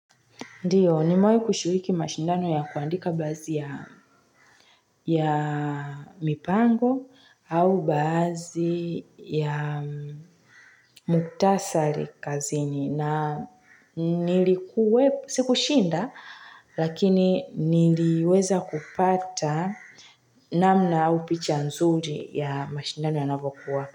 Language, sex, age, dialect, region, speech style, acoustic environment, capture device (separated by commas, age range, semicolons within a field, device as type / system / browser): Swahili, female, 25-34, Tanzania - Dar es Salaam, Tanzania, relaxed; focused, nature, mobile / Linux / Chrome